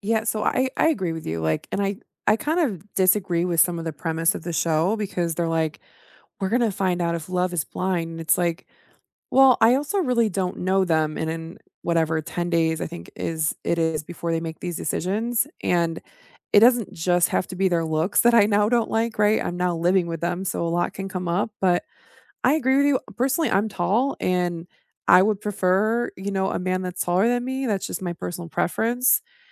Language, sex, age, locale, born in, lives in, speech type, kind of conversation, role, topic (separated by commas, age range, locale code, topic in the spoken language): English, female, 35-39, en-US, United States, United States, conversation, unstructured, none, How do you recharge after a long day?